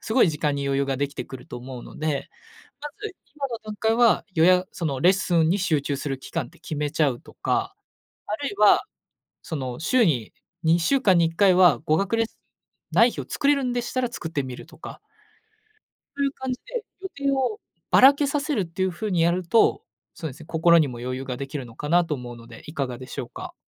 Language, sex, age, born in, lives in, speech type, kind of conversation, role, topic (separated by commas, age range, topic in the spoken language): Japanese, male, 20-24, Japan, Japan, conversation, advice, advisor, 予定を詰めすぎてキャンセルや遅刻が増えている